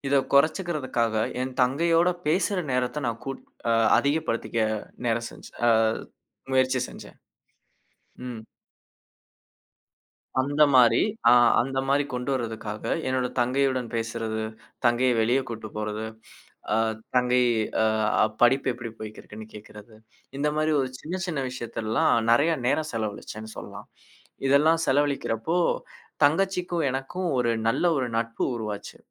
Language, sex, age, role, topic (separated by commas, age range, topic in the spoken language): Tamil, male, 25-29, guest, மீண்டும் அதே தவறை செய்யாமல் இருக்க நீங்கள் எந்தப் பழக்கத்தை மாற்றினீர்கள்?